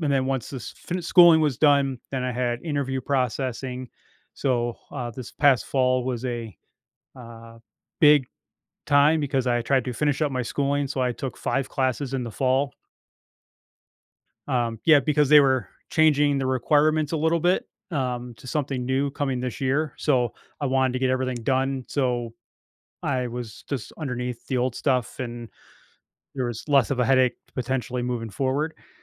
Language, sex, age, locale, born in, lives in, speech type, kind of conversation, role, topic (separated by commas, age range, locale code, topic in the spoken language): English, male, 45-49, en-US, United States, United States, conversation, advice, user, How can I show I'm ready for a promotion and approach my manager about it?